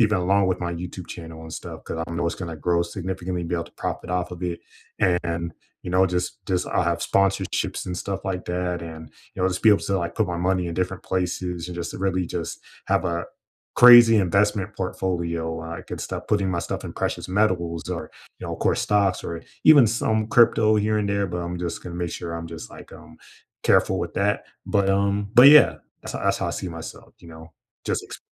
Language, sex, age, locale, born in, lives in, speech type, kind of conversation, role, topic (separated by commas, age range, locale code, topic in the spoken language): English, male, 25-29, en-US, United States, United States, conversation, unstructured, none, What everyday habit are you oddly proud of?